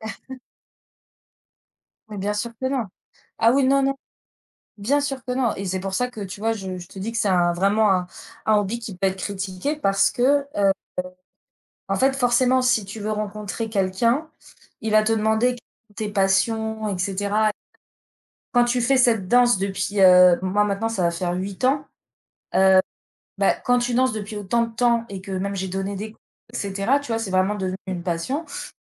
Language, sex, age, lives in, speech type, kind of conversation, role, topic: French, female, 35-39, France, conversation, unstructured, none, Comment réagir quand quelqu’un critique ton passe-temps préféré ?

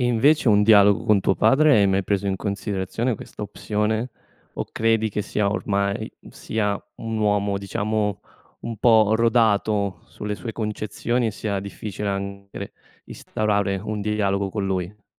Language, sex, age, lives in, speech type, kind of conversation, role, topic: Italian, male, 25-29, Italy, conversation, advice, advisor, Come posso dire a un familiare che una sua battuta mi ha ferito?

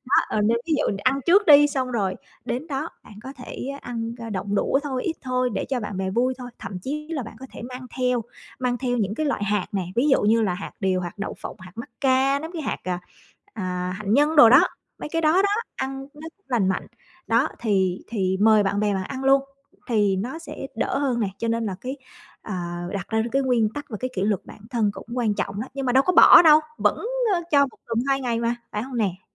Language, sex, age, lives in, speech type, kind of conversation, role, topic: Vietnamese, female, 35-39, Vietnam, conversation, advice, advisor, Làm sao tôi có thể đối phó với áp lực ăn uống từ bạn bè khi đi ăn?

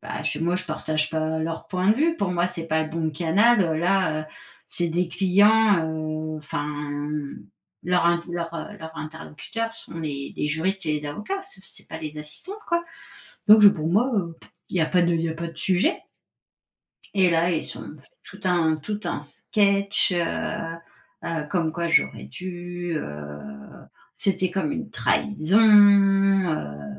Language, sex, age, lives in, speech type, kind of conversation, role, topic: French, female, 40-44, France, conversation, advice, user, Comment surmonter la peur de commencer un nouveau travail par crainte d’échouer ?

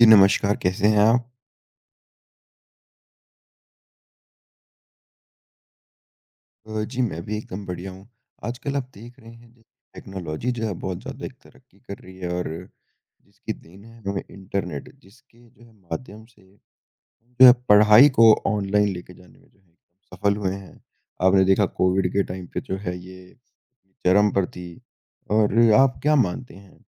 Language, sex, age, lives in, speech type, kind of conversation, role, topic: Hindi, male, 20-24, India, conversation, unstructured, none, ऑनलाइन पढ़ाई के फायदे और नुकसान क्या हैं?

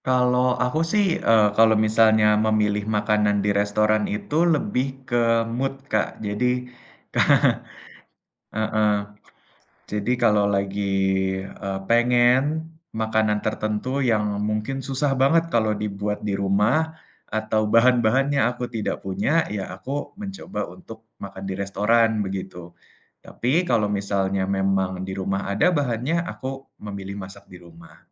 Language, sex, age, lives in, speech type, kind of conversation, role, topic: Indonesian, male, 20-24, Indonesia, conversation, unstructured, none, Bagaimana kamu memutuskan apakah akan makan di rumah atau di restoran?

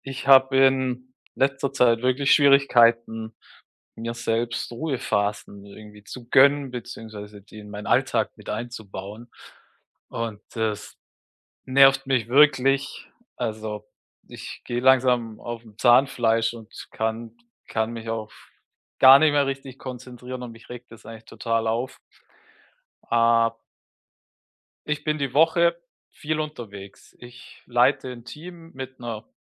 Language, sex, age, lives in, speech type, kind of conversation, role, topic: German, male, 35-39, Germany, conversation, advice, user, Wie kann ich damit anfangen, mir regelmäßige Ruhepausen zu gönnen?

